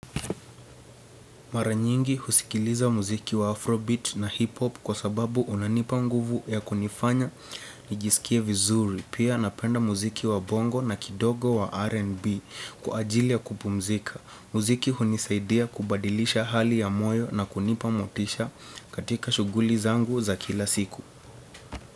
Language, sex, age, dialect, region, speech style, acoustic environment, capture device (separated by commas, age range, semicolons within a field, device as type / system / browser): Swahili, male, 18-24, Kenya - Nairobi Sheng-influenced, Kenya, focused; relaxed, silence, desktop / Windows / Chrome